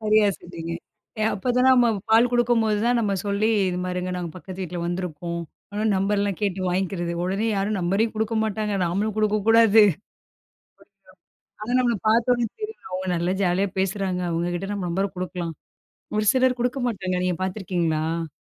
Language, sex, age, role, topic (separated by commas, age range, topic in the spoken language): Tamil, female, 35-39, guest, புதிதாக ஒரு இடத்திற்கு குடிபெயர்ந்தபோது நண்பர்களை எப்படிக் கண்டுபிடித்தீர்கள்?